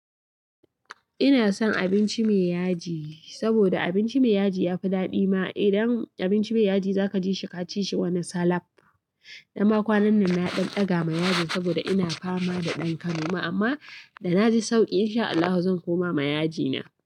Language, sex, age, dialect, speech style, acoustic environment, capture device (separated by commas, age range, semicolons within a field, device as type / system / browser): Hausa, female, 25-34, Nigeria - Katsina, anxious, nature, mobile / Linux / Chrome